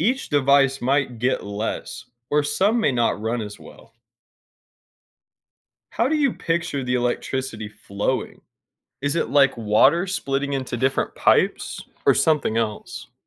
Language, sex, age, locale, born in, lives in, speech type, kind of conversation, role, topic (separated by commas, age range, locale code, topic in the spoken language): English, male, 25-29, en-US, United States, United States, read, expert-assistant, expert, How do solar panels generate electricity?